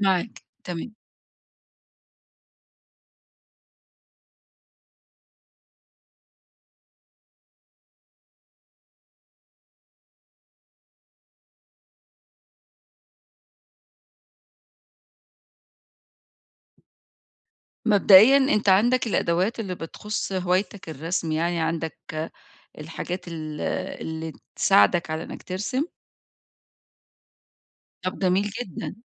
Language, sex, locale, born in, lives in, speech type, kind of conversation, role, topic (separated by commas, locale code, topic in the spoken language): Arabic, female, ar-EG, Egypt, Egypt, conversation, advice, advisor, إزاي أقدر أخصص وقت في يومي من غير تكنولوجيا؟